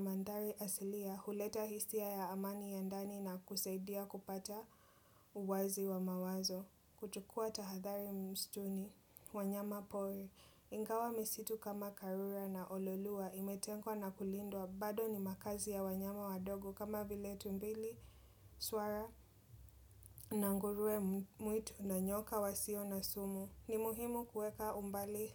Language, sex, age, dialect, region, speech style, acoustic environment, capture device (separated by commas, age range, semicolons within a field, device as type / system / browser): Swahili, female, 25-34, Kenya - Mombasa, Kenya, happy; relaxed; excited; nervous, people talking; nature; appliances, desktop / Windows / Chrome